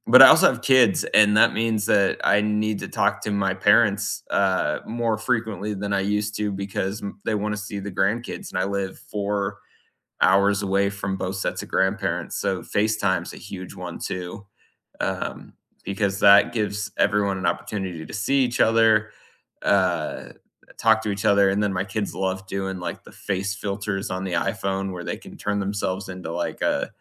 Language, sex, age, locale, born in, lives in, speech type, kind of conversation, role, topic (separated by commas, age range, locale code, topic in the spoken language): English, male, 35-39, en-US, United States, United States, conversation, unstructured, none, What is your favorite way to keep in touch, and what makes it feel most meaningful?